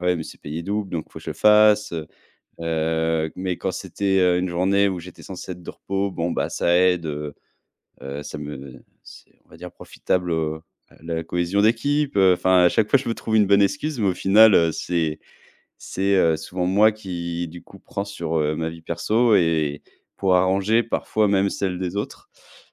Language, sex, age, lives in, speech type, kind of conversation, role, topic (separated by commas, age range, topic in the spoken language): French, male, 30-34, France, conversation, advice, user, Comment gérer la difficulté de concilier ma start-up et ma vie personnelle ?